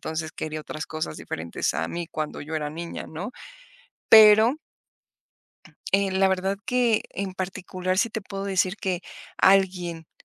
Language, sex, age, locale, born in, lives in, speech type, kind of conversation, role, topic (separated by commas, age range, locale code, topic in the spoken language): Spanish, female, 45-49, es-MX, Mexico, Mexico, conversation, podcast, guest, ¿Qué papel tiene la sobremesa en tus reuniones?